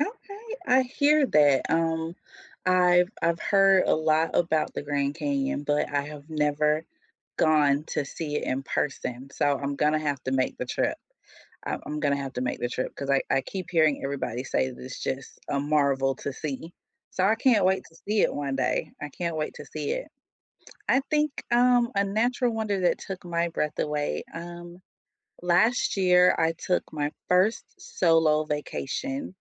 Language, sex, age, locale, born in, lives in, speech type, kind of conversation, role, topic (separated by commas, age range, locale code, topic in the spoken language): English, female, 45-49, en-US, United States, United States, conversation, unstructured, none, What natural wonder took your breath away?